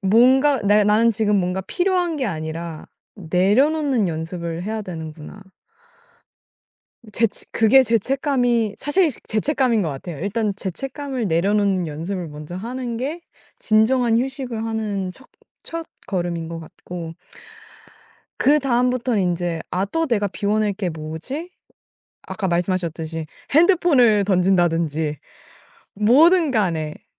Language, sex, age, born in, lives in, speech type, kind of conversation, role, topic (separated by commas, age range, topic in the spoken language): Korean, female, 18-19, South Korea, United States, conversation, podcast, guest, 휴식할 때 죄책감이 들면 어떻게 극복하시나요?